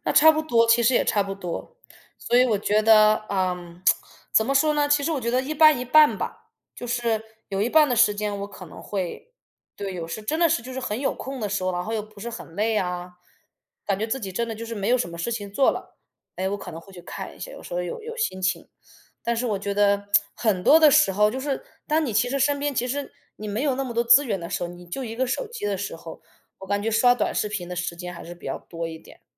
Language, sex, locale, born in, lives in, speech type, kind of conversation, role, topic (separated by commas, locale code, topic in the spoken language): Chinese, female, zh-CN, China, United States, conversation, unstructured, none, 你觉得为什么现在的年轻人越来越喜欢看短视频？